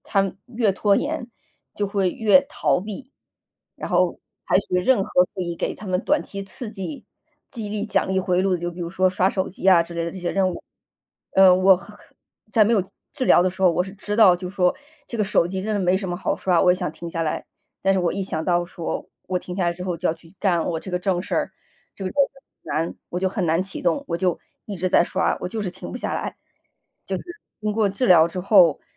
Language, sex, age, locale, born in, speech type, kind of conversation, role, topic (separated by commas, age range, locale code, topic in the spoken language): Chinese, female, 35-39, zh-CN, China, conversation, podcast, guest, 学习受挫后你通常如何调整并重新开始？